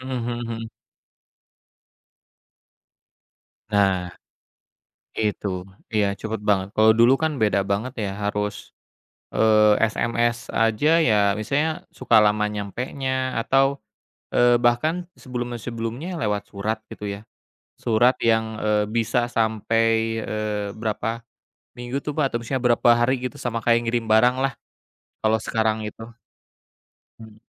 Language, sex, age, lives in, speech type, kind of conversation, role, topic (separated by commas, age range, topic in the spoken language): Indonesian, male, 35-39, Indonesia, conversation, unstructured, none, Menurutmu, bagaimana teknologi memengaruhi cara kita berkomunikasi?